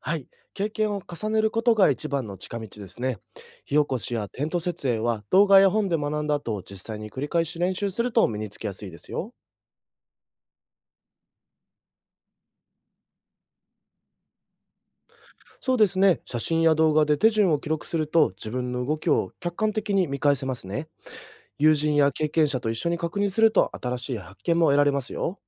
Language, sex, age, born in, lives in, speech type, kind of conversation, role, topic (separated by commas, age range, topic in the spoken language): Japanese, male, 20-24, Japan, Japan, read, expert-assistant, expert, アウトドア活動のスキルを上達させるために、どのような学び方や挑戦が効果的だと感じますか？